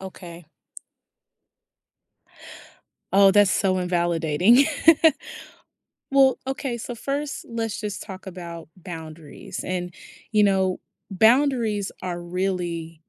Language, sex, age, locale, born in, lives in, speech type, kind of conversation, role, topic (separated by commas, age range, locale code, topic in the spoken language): English, female, 30-34, en-US, United States, United States, conversation, advice, advisor, How can I stay calm and defuse tension at a family gathering?